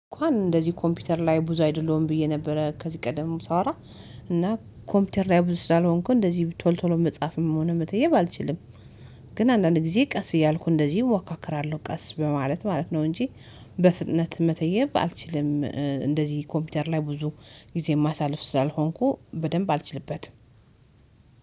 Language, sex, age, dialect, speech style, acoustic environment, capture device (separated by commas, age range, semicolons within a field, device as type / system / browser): Amharic, female, 35-44, Ethiopia - Addis Ababa, happy, silence, mobile / Linux / Chrome